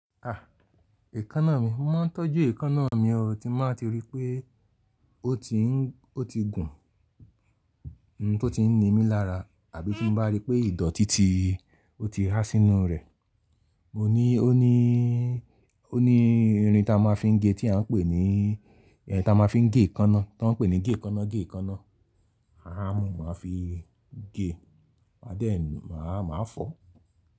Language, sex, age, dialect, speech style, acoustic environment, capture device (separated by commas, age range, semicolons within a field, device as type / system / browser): Yoruba, male, 35-44, Nigeria - Lagos Yoruba, happy, people talking; nature; appliances, mobile / Linux / Chrome